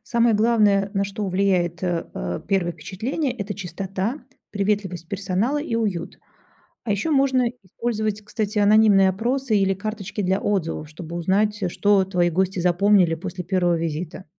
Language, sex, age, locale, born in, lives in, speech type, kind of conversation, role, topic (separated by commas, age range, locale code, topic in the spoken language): Russian, female, 45-49, ru-RU, Russia, Germany, read, expert-assistant, expert, Как понять, что мешает бизнесу расти быстрее: в чём могут быть скрытые проблемы?